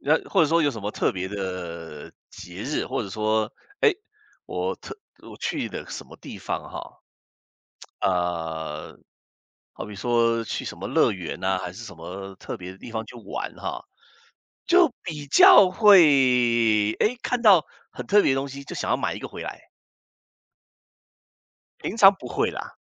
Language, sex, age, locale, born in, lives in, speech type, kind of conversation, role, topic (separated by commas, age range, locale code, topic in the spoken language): Chinese, male, 55-59, zh-CN, Taiwan, United States, conversation, unstructured, none, 你如何区分一笔开销是“需要”还是“想要”？